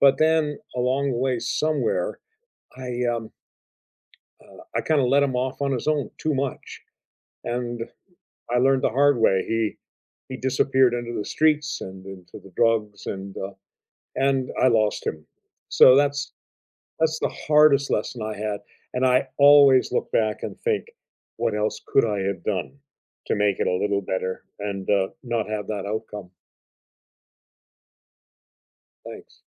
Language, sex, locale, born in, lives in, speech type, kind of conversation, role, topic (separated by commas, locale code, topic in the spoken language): English, male, en-CA, Canada, United States, conversation, unstructured, none, What life lesson did you learn the hard way?